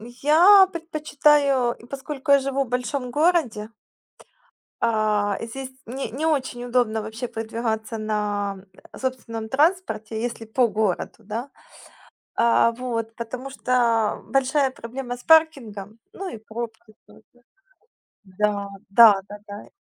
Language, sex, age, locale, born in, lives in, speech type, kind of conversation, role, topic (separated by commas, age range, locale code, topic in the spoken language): Russian, female, 35-39, ru-UA, Ukraine, Spain, conversation, unstructured, none, Как вы относитесь к использованию автомобиля по сравнению с общественным транспортом?